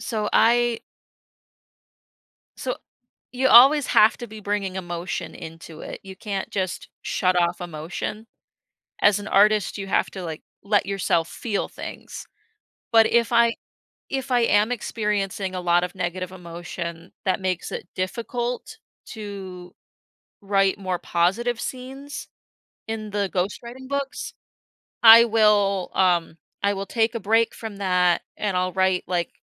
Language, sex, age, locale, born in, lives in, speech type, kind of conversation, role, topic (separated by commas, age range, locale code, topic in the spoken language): English, female, 25-29, en-US, United States, United States, conversation, podcast, guest, How do your feelings shape the way you create and express yourself?